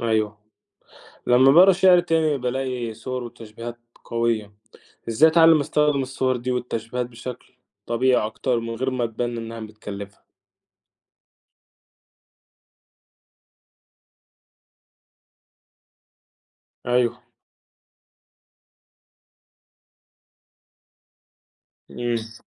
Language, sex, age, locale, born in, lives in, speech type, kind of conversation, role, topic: Arabic, male, 45-49, ar-EG, Egypt, Egypt, read, expert-assistant, asker, إزاي أقدر أطور مهاراتي في كتابة الشعر؟ إيه أهم التكنيكات اللي ممكن أبتدي بيها؟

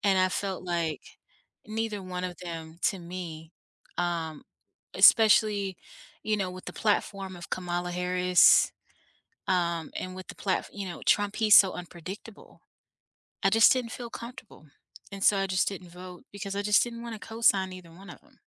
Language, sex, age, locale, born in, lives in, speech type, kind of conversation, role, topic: English, female, 30-34, en-US, United States, United States, conversation, unstructured, none, What frustrates you most about how politicians handle promises?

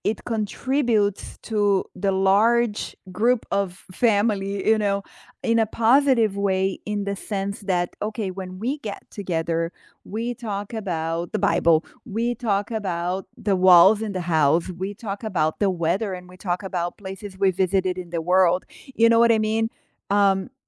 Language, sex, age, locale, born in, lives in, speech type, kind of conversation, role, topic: English, female, 45-49, en-BR, Brazil, United States, conversation, unstructured, none, What is your opinion on gossip within families?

